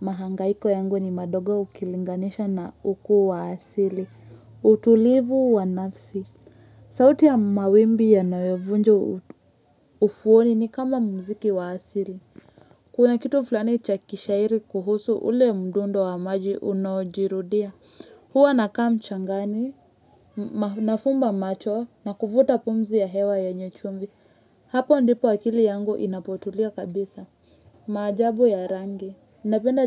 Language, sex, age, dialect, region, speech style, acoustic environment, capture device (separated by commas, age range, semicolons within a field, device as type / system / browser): Swahili, female, 25-34, Kenya - Nairobi Sheng-influenced, Kenya, focused, silence, mobile / Linux / Chrome